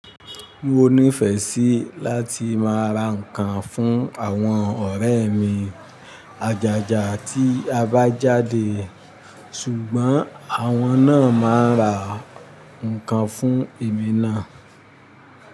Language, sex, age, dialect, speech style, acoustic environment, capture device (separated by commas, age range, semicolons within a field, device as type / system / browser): Yoruba, male, 45-54, Nigeria - Ibadan, happy, nature, mobile / Linux / Chrome